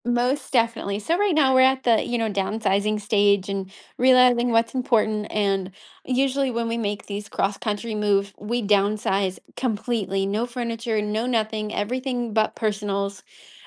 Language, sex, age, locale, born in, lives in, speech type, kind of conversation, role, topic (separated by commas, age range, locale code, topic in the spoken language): English, female, 30-34, en-US, United States, United States, conversation, advice, user, How can I find more meaning in my everyday routines?